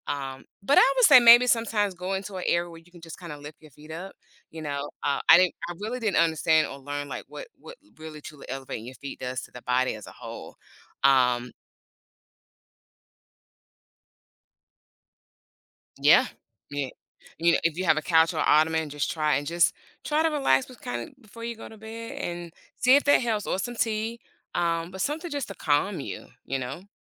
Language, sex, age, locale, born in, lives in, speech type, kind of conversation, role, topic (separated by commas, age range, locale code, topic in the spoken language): English, female, 45-49, en-US, United States, United States, conversation, podcast, guest, How does sleep affect overall health?